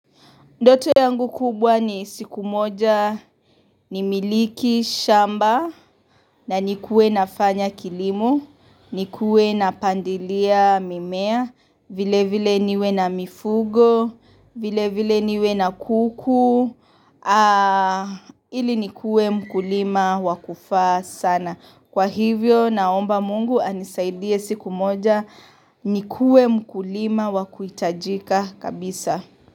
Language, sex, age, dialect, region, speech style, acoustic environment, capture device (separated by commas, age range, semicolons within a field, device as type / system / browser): Swahili, female, 25-34, Kenya - Mombasa, Kenya, happy; relaxed, nature, mobile / Linux / Chrome